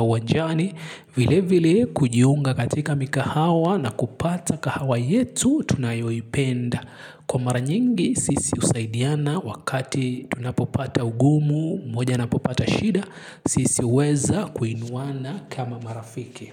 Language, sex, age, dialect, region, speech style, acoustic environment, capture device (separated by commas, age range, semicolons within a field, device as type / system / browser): Swahili, male, 25-34, Kenya - Nairobi Sheng-influenced, Kenya, relaxed; excited; focused, silence, mobile / Linux / Chrome